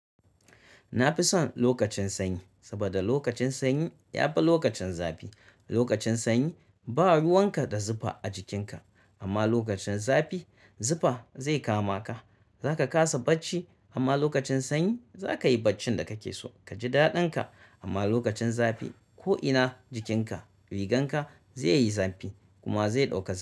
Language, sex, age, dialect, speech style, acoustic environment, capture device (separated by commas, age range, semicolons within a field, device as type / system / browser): Hausa, male, 35-44, Nigeria - Kano, relaxed, nature, mobile / Linux / Chrome